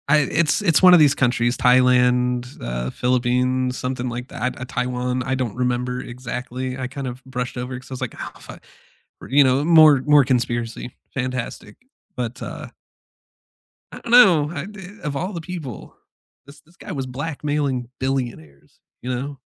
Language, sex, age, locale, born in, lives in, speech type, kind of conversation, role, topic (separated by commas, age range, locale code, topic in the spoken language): English, male, 35-39, en-US, United States, United States, conversation, unstructured, none, What political event surprised you?